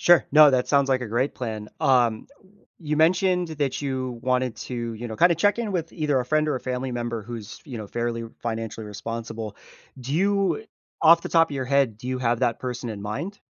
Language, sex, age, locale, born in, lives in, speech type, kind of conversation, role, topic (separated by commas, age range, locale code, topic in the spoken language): English, male, 30-34, en-US, United States, United States, conversation, advice, advisor, How can I reduce anxiety and feel more secure when my finances are uncertain?